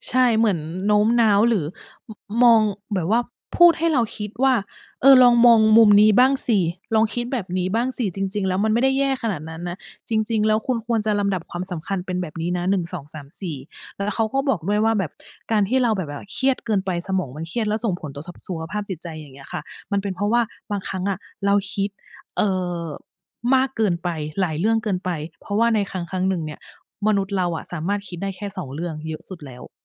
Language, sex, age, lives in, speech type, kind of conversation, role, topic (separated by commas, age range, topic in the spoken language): Thai, female, 40-44, Thailand, conversation, unstructured, none, คุณจะทำอย่างไรถ้าคนรอบตัวไม่สนับสนุนเป้าหมายของคุณ?